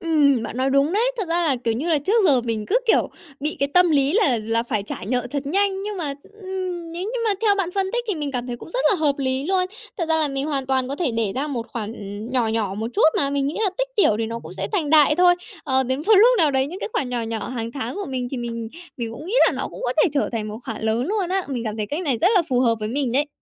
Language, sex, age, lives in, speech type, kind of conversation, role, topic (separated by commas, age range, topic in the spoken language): Vietnamese, female, 20-24, Japan, conversation, advice, user, Bạn đang chịu áp lực như thế nào khi phải chọn giữa trả nợ và tiết kiệm?